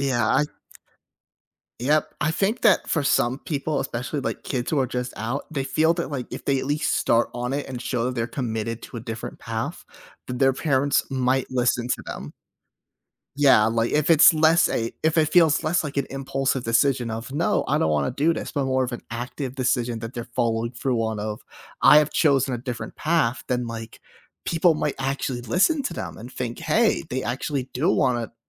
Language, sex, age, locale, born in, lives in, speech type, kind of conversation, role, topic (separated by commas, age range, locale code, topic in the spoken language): English, male, 25-29, en-US, United States, United States, conversation, podcast, host, What factors should you consider when choosing a career direction?